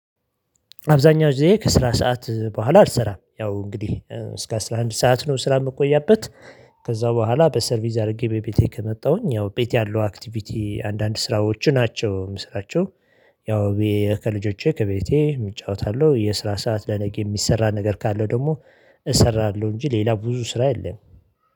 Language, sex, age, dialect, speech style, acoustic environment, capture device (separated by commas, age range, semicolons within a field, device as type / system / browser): Amharic, male, 35-44, Ethiopia - Addis Ababa, excited, nature, mobile / Linux / Chrome